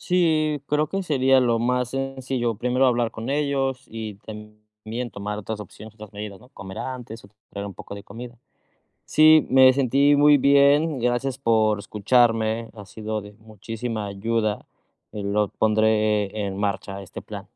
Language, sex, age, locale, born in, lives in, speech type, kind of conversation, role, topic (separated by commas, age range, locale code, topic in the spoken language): Spanish, male, 30-34, es-MX, Mexico, Mexico, conversation, advice, user, ¿Cómo puedo equilibrar la tradición familiar con mi autonomía personal?